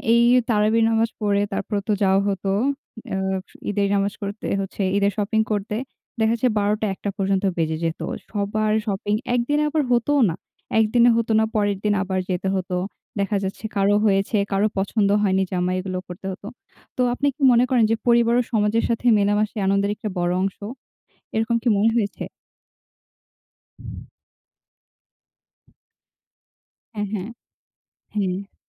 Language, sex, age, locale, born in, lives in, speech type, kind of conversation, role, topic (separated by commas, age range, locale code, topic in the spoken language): Bengali, female, 18-19, bn-BD, Bangladesh, Bangladesh, conversation, unstructured, none, আপনার মতে ধর্মীয় উৎসবগুলো কেন এত আনন্দদায়ক?